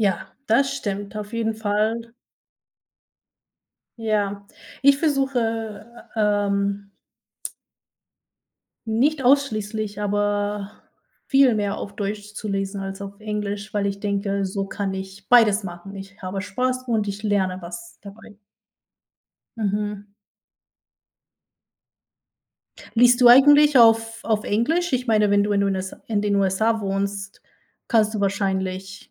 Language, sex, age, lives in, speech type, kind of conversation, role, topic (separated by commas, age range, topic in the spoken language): German, female, 30-34, United States, conversation, unstructured, none, Gibt es ein Hobby, das dich besonders glücklich macht?